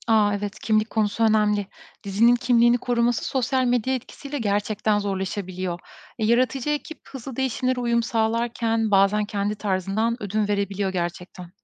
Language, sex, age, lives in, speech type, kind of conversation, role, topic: Turkish, female, 35-39, Estonia, read, expert-assistant, expert, Dijital yayın platformlarının artması, geleneksel televizyonun değerini azaltıyor mu? Bu değişimin riskleri neler?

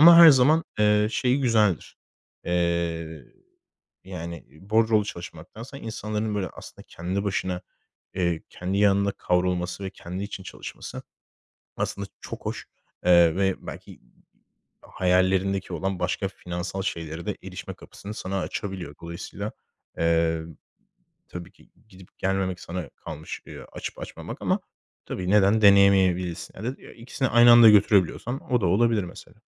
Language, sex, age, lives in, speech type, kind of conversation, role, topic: Turkish, male, 25-29, Spain, conversation, advice, advisor, Kendi işinizi kurmak mı yoksa mevcut güvenceli işinizi bırakmak mı sizin için daha doğru karar olur?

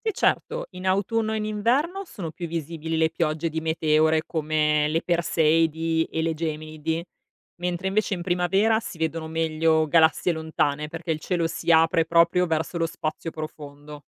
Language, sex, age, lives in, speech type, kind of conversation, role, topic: Italian, female, 35-39, Italy, read, expert-assistant, expert, Quali idee potremmo sviluppare per spiegare perché il cielo è buio di notte anche se ci sono tante stelle?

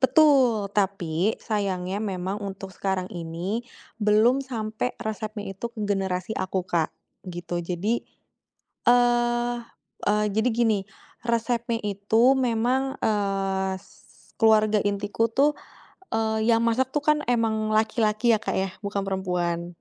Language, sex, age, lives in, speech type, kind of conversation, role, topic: Indonesian, female, 30-34, Indonesia, conversation, podcast, guest, Bagaimana cara kalian merawat dan mewariskan resep turun-temurun di rumah?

